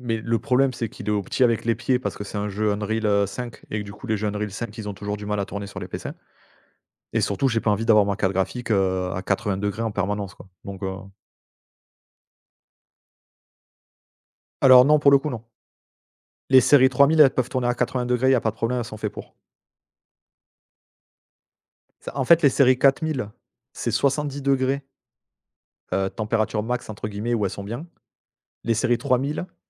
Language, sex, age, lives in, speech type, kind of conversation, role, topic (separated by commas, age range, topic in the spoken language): French, male, 35-39, France, conversation, unstructured, none, Comment un hobby peut-il renforcer les liens avec d’autres personnes ?